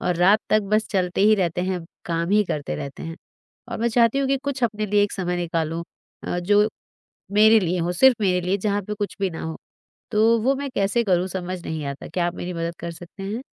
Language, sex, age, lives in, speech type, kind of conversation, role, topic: Hindi, female, 40-44, India, conversation, advice, user, आपको आराम करने और छुट्टी लेने के लिए समय क्यों नहीं मिल पाता?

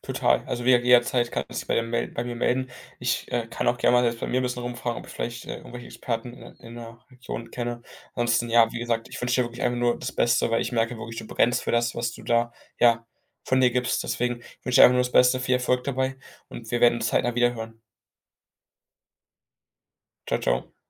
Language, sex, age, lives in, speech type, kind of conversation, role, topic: German, male, 18-19, Germany, conversation, advice, advisor, Wie schwankt deine Motivation bei Langzeitprojekten?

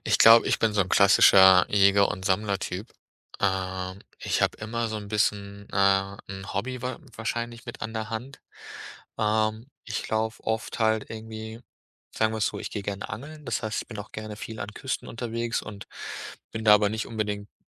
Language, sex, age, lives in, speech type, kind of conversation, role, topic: German, male, 30-34, Germany, conversation, podcast, guest, Wie fühlst du dich, wenn du längere Zeit allein draußen bist?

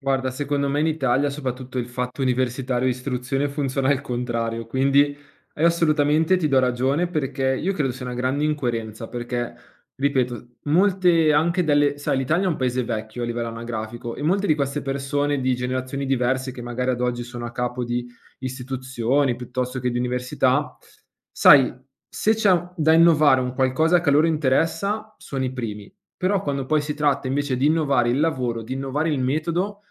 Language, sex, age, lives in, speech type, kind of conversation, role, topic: Italian, male, 25-29, Italy, conversation, podcast, guest, Come concili il lavoro con la vita domestica?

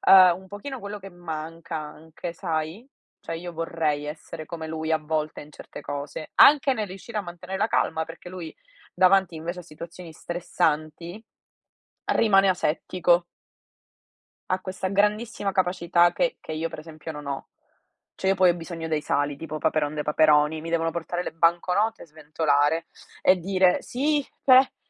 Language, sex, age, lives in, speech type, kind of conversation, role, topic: Italian, female, 60-64, Italy, conversation, unstructured, none, Come si può mantenere la calma durante un confronto difficile?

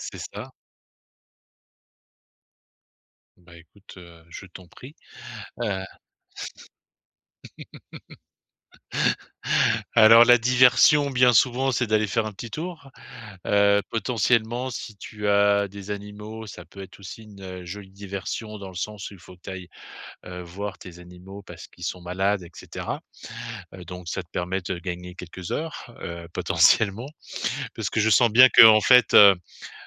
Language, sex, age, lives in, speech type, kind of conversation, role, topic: French, male, 50-54, France, conversation, advice, advisor, Comment puis-je me rapprocher des autres pendant les fêtes quand je me sens déconnecté ?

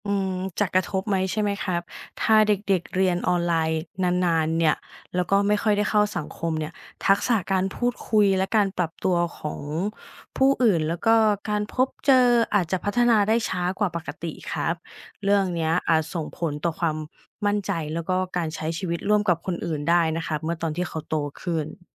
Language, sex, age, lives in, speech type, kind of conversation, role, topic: Thai, female, 35-39, Thailand, read, expert-assistant, expert, การเปลี่ยนแปลงระบบการศึกษาจากแบบดั้งเดิมไปสู่การเรียนรู้แบบออนไลน์มีประโยชน์และความท้าทายอย่างไรบ้าง?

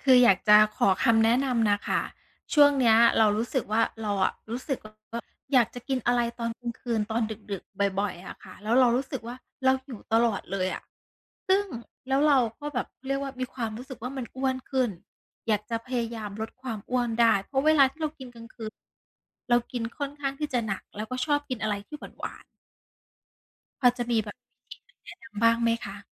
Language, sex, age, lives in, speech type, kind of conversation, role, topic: Thai, female, 35-39, Thailand, conversation, advice, user, คุณกำลังรับมือกับความอยากอาหารตอนกลางคืนอย่างไร?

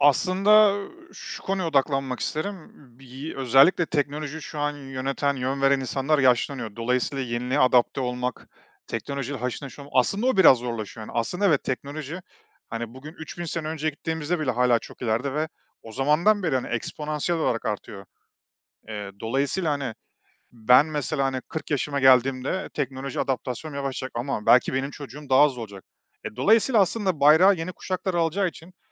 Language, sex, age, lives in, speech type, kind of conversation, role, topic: Turkish, male, 35-39, Estonia, conversation, podcast, guest, Teknolojik değişikliklere hızla uyum sağlamak için neler yapıyorsunuz?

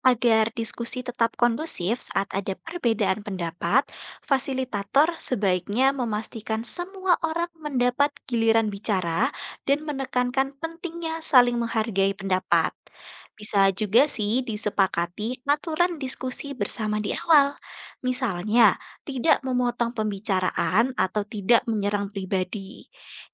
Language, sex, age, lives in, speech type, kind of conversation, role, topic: Indonesian, female, 20-24, Indonesia, read, expert-assistant, expert, Bagaimana cara melakukan pemetaan partisipatif budaya dan lingkungan agar semua pendapat dapat terwadahi?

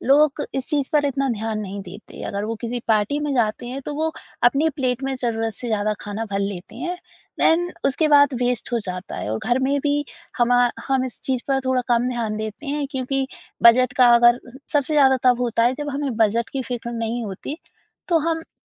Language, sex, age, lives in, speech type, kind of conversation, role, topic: Hindi, female, 20-24, India, conversation, podcast, host, Aapke hisaab se khaane ki barbaadi kam karne ke liye sabse practical tareeke kya hain?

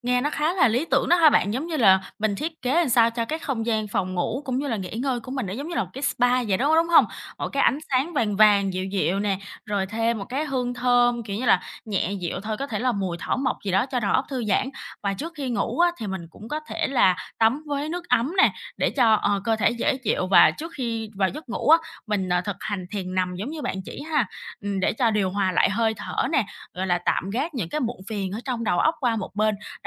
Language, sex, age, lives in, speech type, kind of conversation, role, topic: Vietnamese, female, 25-29, Vietnam, conversation, advice, user, Tại sao tôi không thể thư giãn dù có thời gian rảnh?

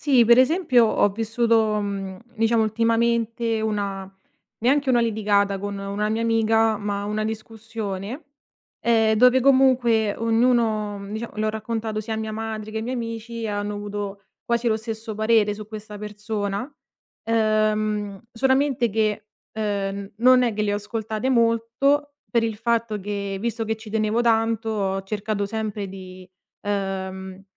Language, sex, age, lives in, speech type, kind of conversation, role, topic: Italian, female, 25-29, Italy, conversation, podcast, guest, Come gestisci consigli contrastanti da amici e familiari?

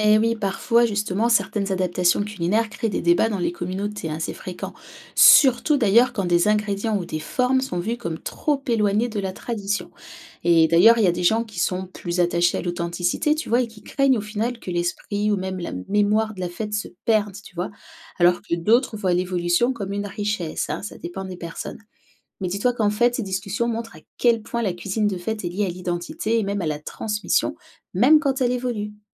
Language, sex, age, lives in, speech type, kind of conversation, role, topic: French, female, 30-34, France, read, expert-assistant, expert, Peux-tu donner un exemple concret où deux religions différentes célèbrent un événement similaire, mais de façon unique ?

